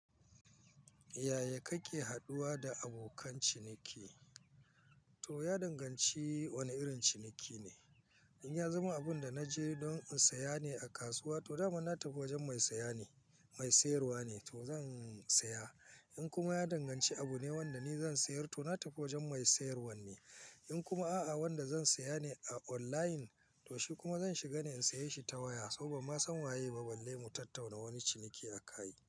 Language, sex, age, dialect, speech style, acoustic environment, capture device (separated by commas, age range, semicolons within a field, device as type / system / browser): Hausa, male, 45-54, Nigeria - Kano, focused, silence, mobile / Linux / Chrome